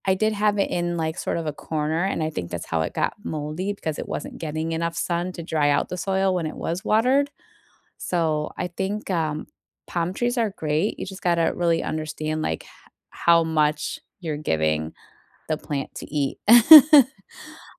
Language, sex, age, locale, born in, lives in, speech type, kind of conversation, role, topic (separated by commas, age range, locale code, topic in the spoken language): English, female, 40-44, en-US, United States, United States, conversation, unstructured, none, How do you care for and display houseplants at home?